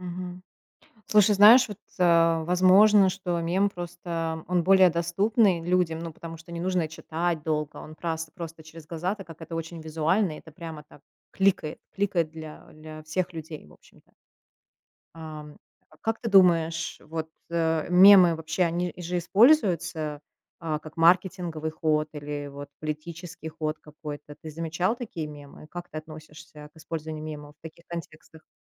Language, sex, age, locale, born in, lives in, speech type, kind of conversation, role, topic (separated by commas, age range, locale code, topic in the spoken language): Russian, female, 35-39, ru-RU, Russia, United States, conversation, podcast, host, Как мемы стали новым способом рассказывать истории?